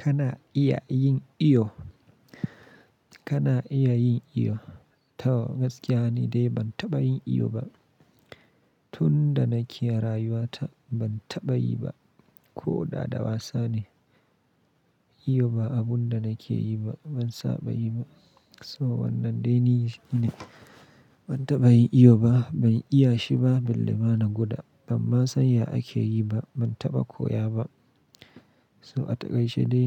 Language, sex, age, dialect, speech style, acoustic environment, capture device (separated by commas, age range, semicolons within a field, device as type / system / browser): Hausa, male, 25-34, Nigeria - Kano, anxious, silence, mobile / Linux / Chrome